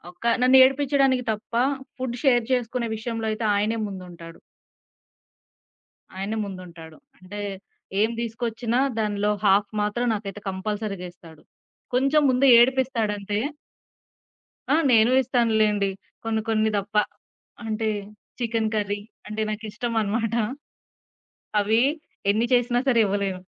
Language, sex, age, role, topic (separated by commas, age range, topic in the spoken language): Telugu, female, 20-24, guest, ఎవరైనా మీతో ఆహారం పంచుకున్నారా, ఆ అనుభవం మీకు ఎలా అనిపించింది?